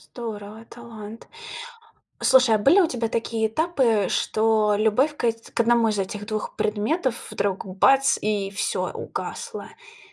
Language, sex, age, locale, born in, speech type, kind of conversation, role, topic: Russian, female, 30-34, ru-RU, Russia, conversation, podcast, host, Какой школьный предмет действительно тебя зажёг?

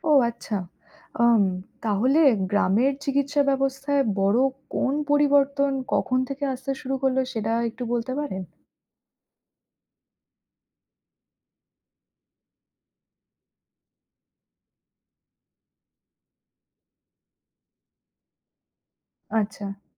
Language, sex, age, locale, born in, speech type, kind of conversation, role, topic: Bengali, female, 30-34, bn-IN, India, read, expert-assistant, asker, বাংলাদেশের গ্রামীণ চিকিৎসা ব্যবস্থার ইতিহাস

